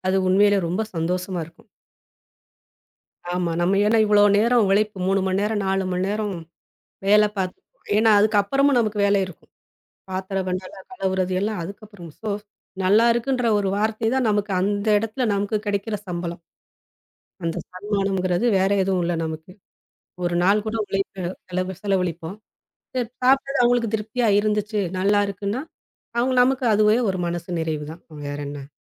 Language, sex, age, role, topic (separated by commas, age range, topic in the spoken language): Tamil, female, 40-44, guest, விருந்தினருக்கு சமைக்கும் போது மன அழுத்தத்தை எப்படி குறைப்பீர்கள்?